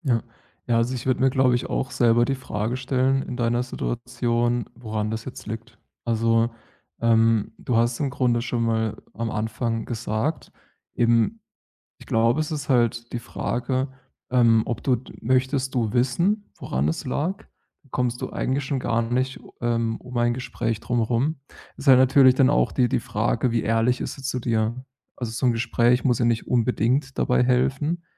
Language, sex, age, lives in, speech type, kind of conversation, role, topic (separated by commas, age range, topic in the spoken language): German, male, 30-34, Germany, conversation, advice, advisor, Wie gehe ich damit um, wenn sich meine Freundesgruppe von mir entfernt?